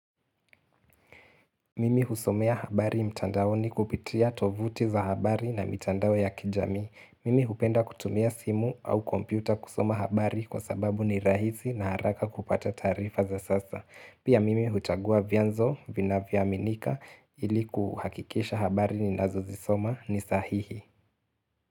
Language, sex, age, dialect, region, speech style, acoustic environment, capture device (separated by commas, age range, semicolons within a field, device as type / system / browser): Swahili, male, 18-24, Kenya - Mombasa, Kenya, relaxed; focused, silence, mobile / Linux / Chrome